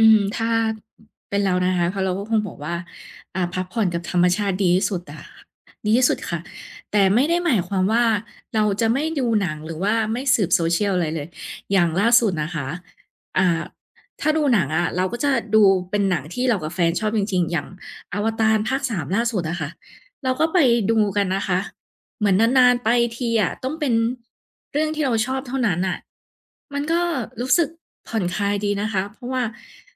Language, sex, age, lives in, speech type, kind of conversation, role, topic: Thai, female, 30-34, Thailand, conversation, podcast, guest, คุณมีวิธีพักผ่อนยังไงให้รู้สึกเต็มพลังบ้าง?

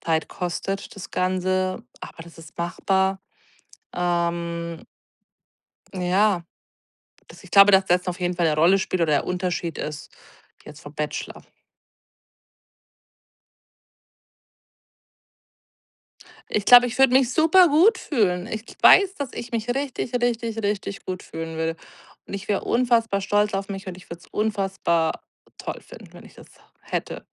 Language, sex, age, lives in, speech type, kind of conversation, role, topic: German, female, 40-44, Germany, conversation, advice, user, Wie kann ich den Perfektionsdruck loslassen und einfach anfangen?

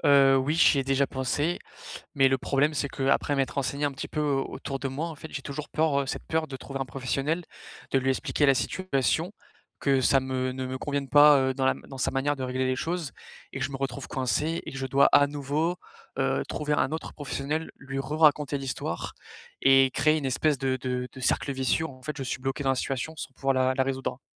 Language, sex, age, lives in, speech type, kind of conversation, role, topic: French, male, 20-24, France, conversation, advice, user, Comment gérer mon anxiété pendant des fêtes entre amis ?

